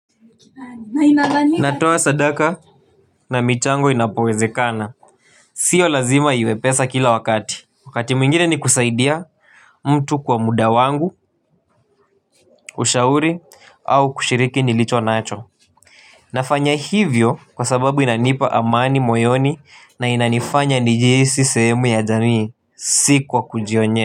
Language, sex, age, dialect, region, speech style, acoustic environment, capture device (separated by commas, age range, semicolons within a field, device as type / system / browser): Swahili, male, 18-24, Kenya - Nairobi Sheng-influenced, Kenya, happy, people talking, mobile / Linux / Chrome